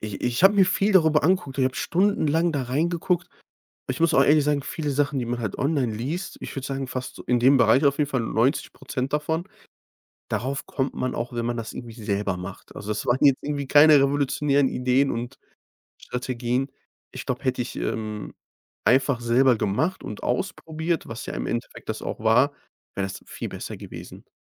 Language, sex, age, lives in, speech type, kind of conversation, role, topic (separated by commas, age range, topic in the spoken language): German, male, 25-29, Germany, conversation, podcast, guest, Was denkst du über die Macht von Algorithmen?